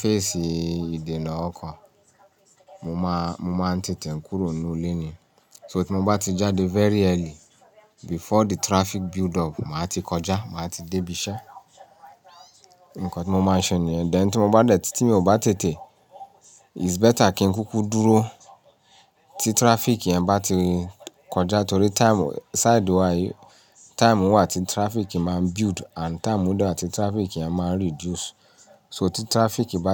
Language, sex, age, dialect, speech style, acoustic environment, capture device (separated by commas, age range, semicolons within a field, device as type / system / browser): Yoruba, male, 35-44, Nigeria - Ibadan, relaxed, nature, mobile / Linux / Chrome